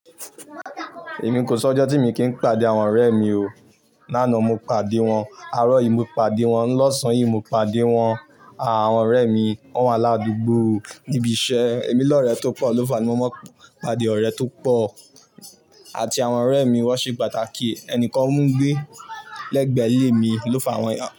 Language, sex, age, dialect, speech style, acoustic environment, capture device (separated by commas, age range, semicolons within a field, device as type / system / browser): Yoruba, male, 18-24, Nigeria - Ibadan, excited, silence, mobile / Linux / Chrome